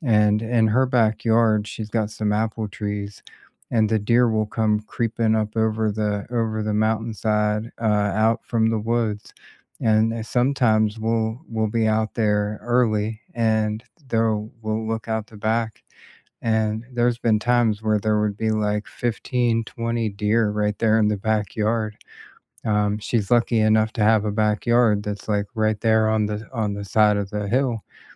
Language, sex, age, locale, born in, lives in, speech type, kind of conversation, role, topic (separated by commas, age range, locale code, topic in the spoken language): English, male, 40-44, en-US, United States, United States, conversation, unstructured, none, Which do you prefer for a nearby quick escape: the beach or the mountains?